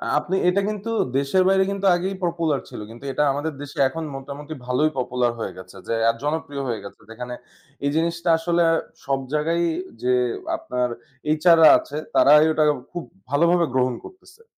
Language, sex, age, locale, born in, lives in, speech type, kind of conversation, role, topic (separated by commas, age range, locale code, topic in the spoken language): Bengali, male, 25-29, bn-BD, Bangladesh, Bangladesh, conversation, unstructured, none, ভিডিও গেম কি আমাদের দৈনন্দিন মানসিক চাপ কমাতে সাহায্য করে?